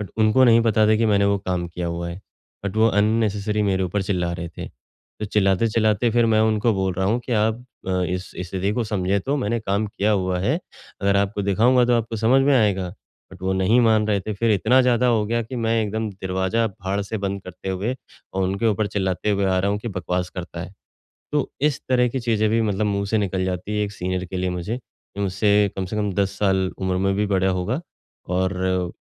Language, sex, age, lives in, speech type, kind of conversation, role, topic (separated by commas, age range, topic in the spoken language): Hindi, male, 35-39, India, conversation, advice, user, मैं अपने ट्रिगर्स को कैसे पहचानूं और उनसे प्रभावी ढंग से कैसे निपटूं?